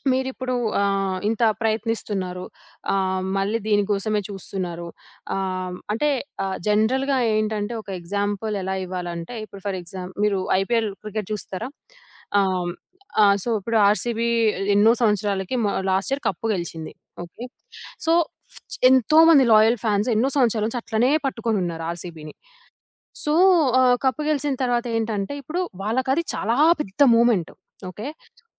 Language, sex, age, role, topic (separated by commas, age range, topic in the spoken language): Telugu, female, 25-29, host, విఫలమయ్యినప్పుడు మీరు ఎలా ముందుకు సాగుతారు?